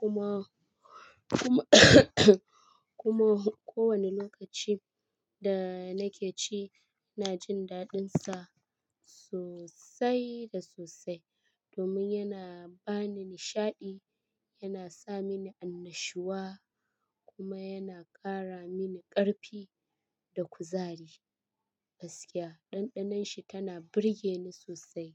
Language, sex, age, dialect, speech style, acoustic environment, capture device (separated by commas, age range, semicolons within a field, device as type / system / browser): Hausa, female, 25-34, Nigeria - Kano, happy; stressed; relaxed, people talking; appliances; silence, mobile / Linux / Chrome